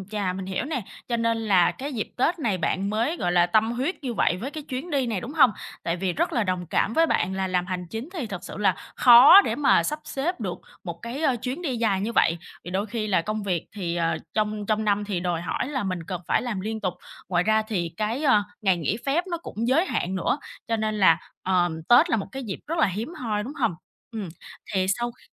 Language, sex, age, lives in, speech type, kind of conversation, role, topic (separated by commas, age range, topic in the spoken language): Vietnamese, female, 25-29, Vietnam, conversation, advice, advisor, Làm sao để giữ vững bản thân khi truyền thống mâu thuẫn với mong muốn của tôi?